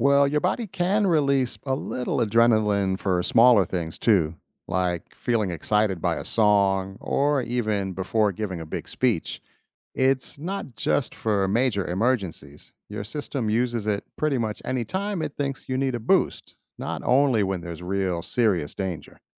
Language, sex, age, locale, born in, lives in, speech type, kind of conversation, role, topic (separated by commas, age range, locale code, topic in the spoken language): English, male, 50-54, en-US, United States, United States, read, expert-assistant, expert, Why do we get goosebumps when cold or scared?